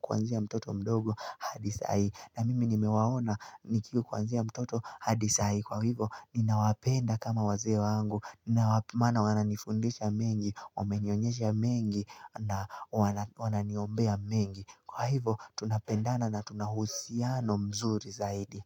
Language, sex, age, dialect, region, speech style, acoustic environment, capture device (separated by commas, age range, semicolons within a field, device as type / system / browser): Swahili, male, 18-24, Kenya - Nairobi Sheng-influenced, Kenya, relaxed; focused, music; people talking; nature, mobile / Linux / Chrome